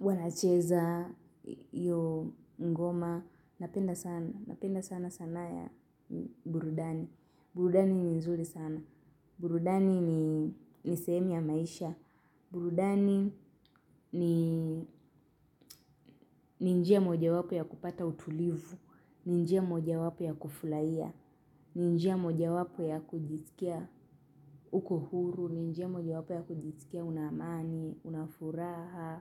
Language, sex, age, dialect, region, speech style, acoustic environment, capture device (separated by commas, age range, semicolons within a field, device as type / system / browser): Swahili, female, 18-24, Kenya - Nairobi Sheng-influenced, Kenya, happy; relaxed; nervous; excited; focused, silence; nature, mobile / Linux / Chrome